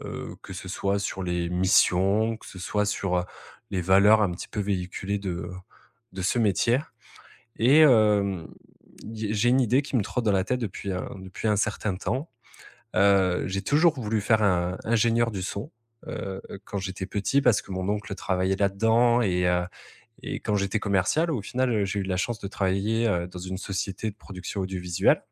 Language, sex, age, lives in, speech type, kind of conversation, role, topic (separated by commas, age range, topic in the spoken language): French, male, 30-34, France, conversation, advice, user, Comment changer de carrière sans perdre sa sécurité financière ?